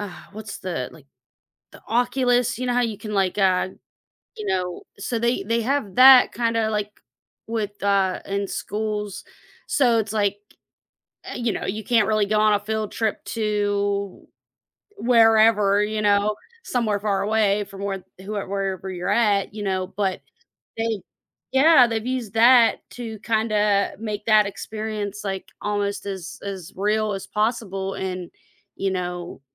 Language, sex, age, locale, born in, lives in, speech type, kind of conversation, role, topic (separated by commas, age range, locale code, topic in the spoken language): English, female, 35-39, en-US, United States, United States, conversation, podcast, host, In what ways has technology reshaped the way we learn and teach?